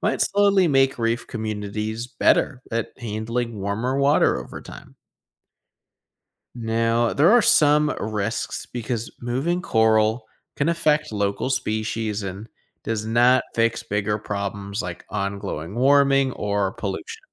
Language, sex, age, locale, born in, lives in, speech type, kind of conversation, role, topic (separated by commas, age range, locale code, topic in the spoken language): English, male, 25-29, en-US, United States, United States, read, expert-assistant, expert, How do coral reefs form and why are they important?